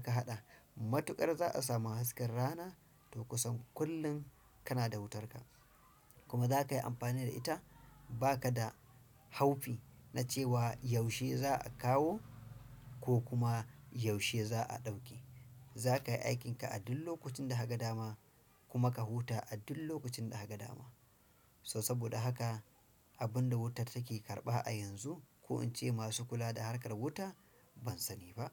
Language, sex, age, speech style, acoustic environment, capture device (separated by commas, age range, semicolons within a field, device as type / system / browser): Hausa, male, 35-44, stressed; focused; anxious; happy; relaxed; nervous; excited, silence; appliances, desktop / Windows / Chrome